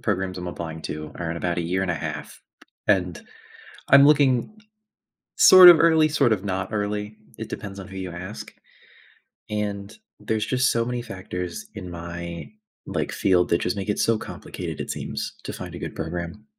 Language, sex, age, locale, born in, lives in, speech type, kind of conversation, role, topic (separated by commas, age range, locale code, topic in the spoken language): English, male, 20-24, en-US, United States, United States, conversation, advice, user, How can I make a big life decision when I'm feeling uncertain?